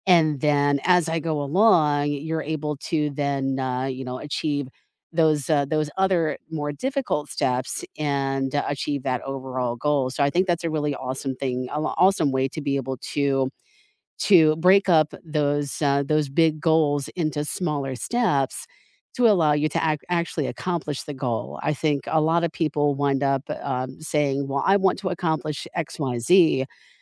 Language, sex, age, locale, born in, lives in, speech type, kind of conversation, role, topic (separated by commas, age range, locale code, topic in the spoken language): English, female, 45-49, en-US, United States, United States, conversation, unstructured, none, How do you break big goals into smaller steps?